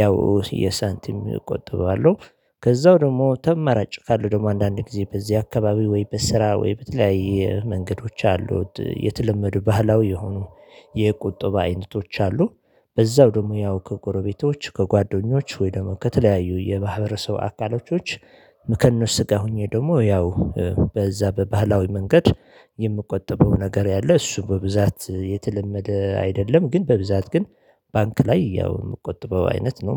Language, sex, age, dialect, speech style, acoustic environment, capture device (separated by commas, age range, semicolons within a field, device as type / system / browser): Amharic, male, 35-44, Ethiopia - Addis Ababa, happy, silence, mobile / Linux / Chrome